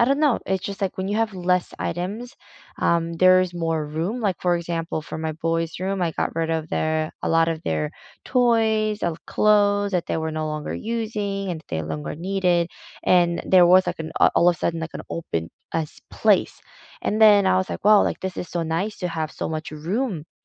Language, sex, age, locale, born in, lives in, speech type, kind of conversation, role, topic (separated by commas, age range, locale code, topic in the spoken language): English, female, 30-34, en-CA, South Korea, Canada, conversation, unstructured, none, Could choosing a simpler life with fewer possessions bring more happiness and meaning than pursuing material success?